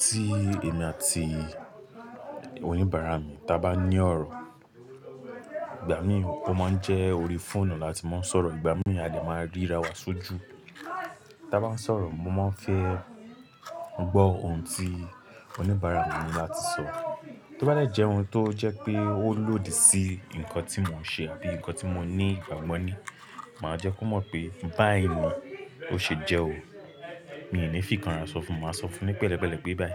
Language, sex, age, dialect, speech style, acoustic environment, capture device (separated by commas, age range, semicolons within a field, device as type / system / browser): Yoruba, male, 18-24, Nigeria - Lagos Yoruba, relaxed, nature; music, mobile / Linux / Chrome